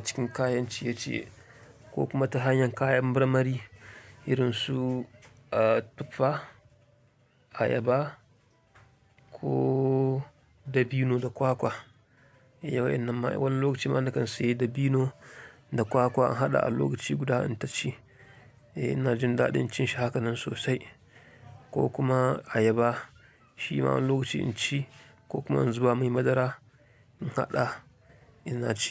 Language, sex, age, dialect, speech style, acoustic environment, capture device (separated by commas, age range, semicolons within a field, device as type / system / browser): Hausa, male, 25-34, Nigeria - Kano, happy, silence, mobile / Linux / Chrome